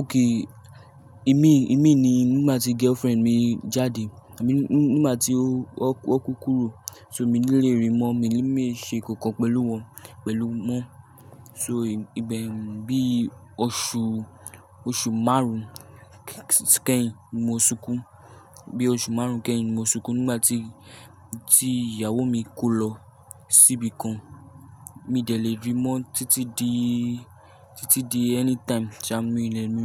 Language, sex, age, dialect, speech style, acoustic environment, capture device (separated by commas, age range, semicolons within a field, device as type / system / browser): Yoruba, male, 18-24, Nigeria - Lagos Yoruba, nervous, people talking; nature; appliances; traffic, mobile / Linux / Chrome